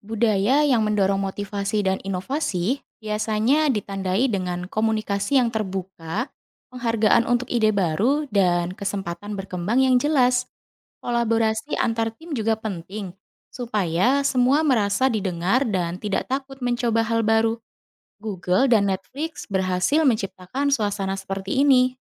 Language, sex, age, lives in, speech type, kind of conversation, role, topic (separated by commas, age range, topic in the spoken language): Indonesian, female, 30-34, Indonesia, read, expert-assistant, expert, Bagaimana perusahaan membangun budaya kerja yang inovatif dan kolaboratif?